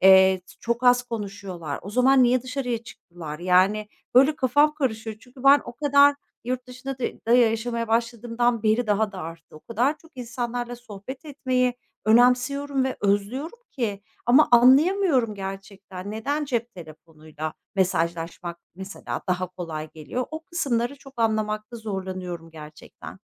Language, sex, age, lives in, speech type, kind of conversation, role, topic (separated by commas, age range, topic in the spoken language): Turkish, female, 45-49, Netherlands, conversation, podcast, guest, Dijital iletişim yalnızlığı azaltır mı yoksa artırır mı?